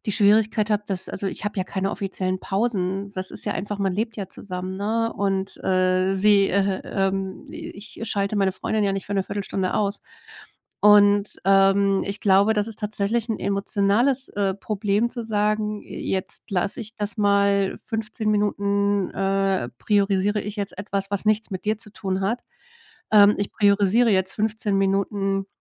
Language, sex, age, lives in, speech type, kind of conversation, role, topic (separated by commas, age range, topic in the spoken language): German, female, 40-44, Germany, conversation, advice, user, Wie kann ich trotz eines vollen Tagesplans regelmäßig kurze Bewegungseinheiten in meinen Alltag integrieren?